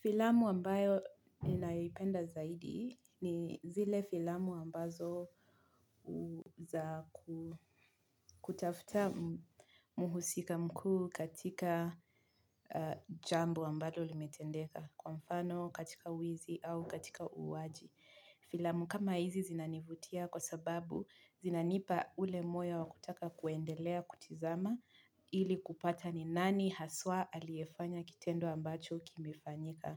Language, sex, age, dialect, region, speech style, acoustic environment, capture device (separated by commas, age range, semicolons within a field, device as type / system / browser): Swahili, female, 25-34, Kenya - Mombasa, Kenya, relaxed; focused, nature; silence, mobile / Linux / Chrome